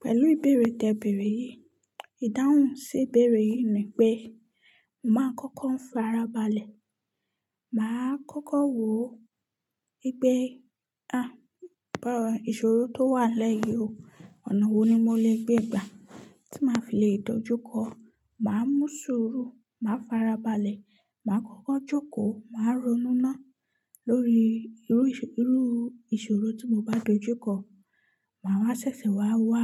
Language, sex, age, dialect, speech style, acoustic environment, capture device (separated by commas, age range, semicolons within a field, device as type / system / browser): Yoruba, female, 25-34, Nigeria - Nigerian Standard, relaxed; focused, silence, mobile / Linux / Chrome